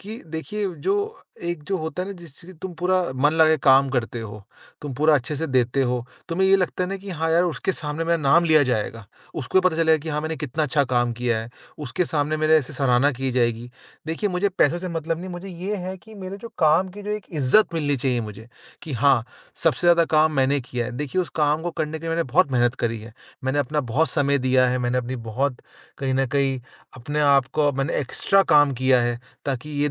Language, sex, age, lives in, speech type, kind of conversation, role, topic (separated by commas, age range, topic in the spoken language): Hindi, male, 25-29, India, conversation, advice, user, मैं काम पर अपनी पहचान और मान्यता कैसे पा सकता/सकती हूँ?